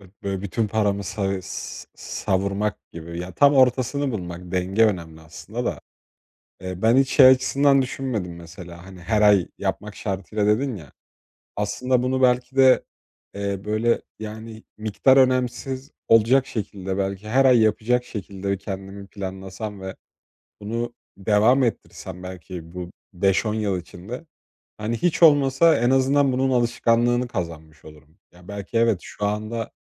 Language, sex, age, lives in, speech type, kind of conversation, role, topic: Turkish, male, 20-24, Poland, conversation, advice, user, Anlık keyiflerimle uzun vadeli birikim hedeflerim arasında nasıl denge kurabilirim?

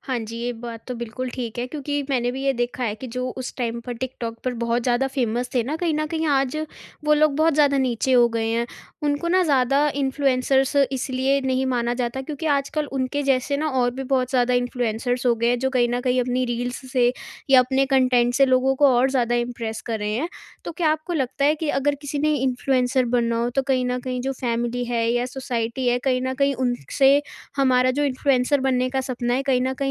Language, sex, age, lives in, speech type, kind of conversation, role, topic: Hindi, female, 18-19, India, conversation, podcast, host, Influencer banna shuru karne ke liye kya karna chahiye?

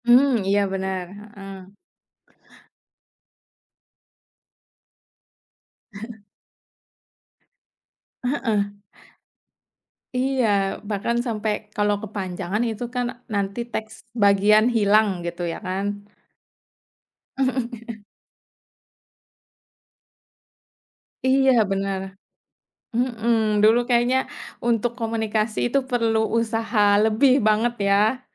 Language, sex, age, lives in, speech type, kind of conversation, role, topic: Indonesian, female, 30-34, Indonesia, conversation, unstructured, none, Bagaimana teknologi mengubah cara kita berinteraksi secara sosial?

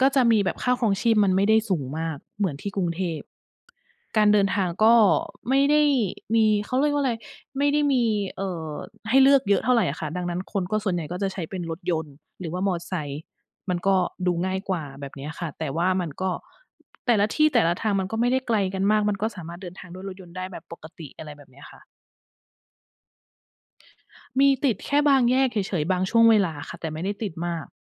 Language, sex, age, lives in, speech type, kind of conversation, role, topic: Thai, female, 40-44, Thailand, conversation, unstructured, none, ถ้าคุณต้องเจรจาเรื่องเงินเดือน คุณจะเตรียมตัวอย่างไร?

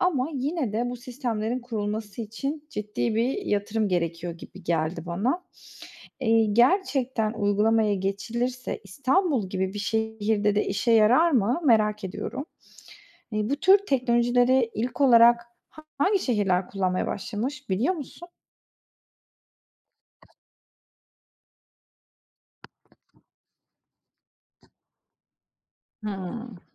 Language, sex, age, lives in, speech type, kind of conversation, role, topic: Turkish, female, 30-34, Netherlands, read, expert-assistant, asker, Toplu taşımada sık yaşanan arızaların sebepleri ve olası çözümleri nelerdir?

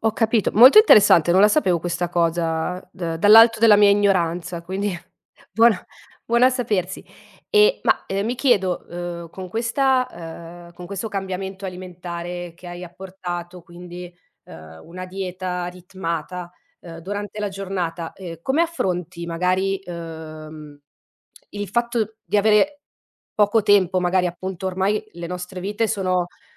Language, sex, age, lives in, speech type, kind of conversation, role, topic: Italian, female, 30-34, Italy, conversation, podcast, host, Come scegli cosa mangiare quando sei di fretta?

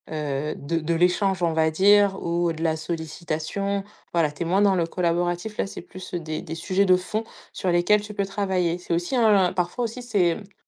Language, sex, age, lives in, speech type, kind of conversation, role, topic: French, female, 35-39, France, conversation, podcast, guest, Comment fais-tu pour équilibrer ton travail et ta vie personnelle au quotidien ?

